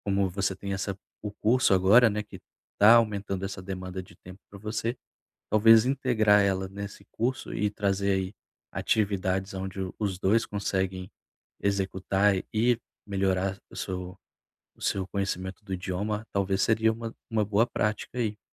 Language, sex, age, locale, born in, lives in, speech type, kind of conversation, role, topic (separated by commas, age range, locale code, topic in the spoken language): Portuguese, male, 30-34, pt-BR, Brazil, Portugal, conversation, advice, advisor, Como posso organizar meu dia para equilibrar trabalho, família e tempo pessoal?